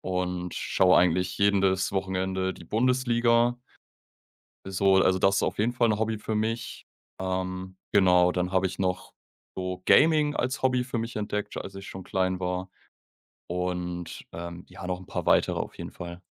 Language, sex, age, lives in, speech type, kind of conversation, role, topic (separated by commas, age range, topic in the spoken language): German, male, 25-29, Germany, conversation, podcast, guest, Was macht für dich ein erfüllendes Hobby aus?